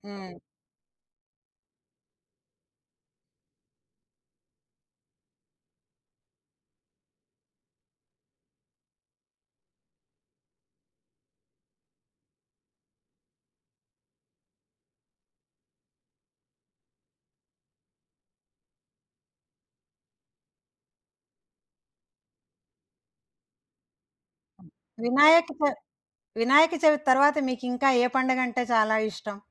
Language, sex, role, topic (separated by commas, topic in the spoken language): Telugu, female, host, స్థానిక పండుగలో పాల్గొన్నప్పుడు మీకు అత్యంత గుర్తుండిపోయిన విశేషం ఏది?